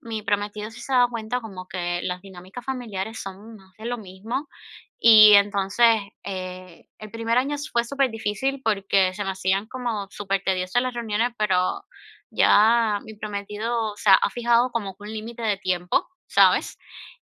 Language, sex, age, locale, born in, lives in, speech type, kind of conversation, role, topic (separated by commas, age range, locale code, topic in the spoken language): Spanish, female, 25-29, es-CU, Cuba, United States, conversation, advice, user, ¿Por qué me cuesta disfrutar cuando estoy en fiestas o reuniones grupales?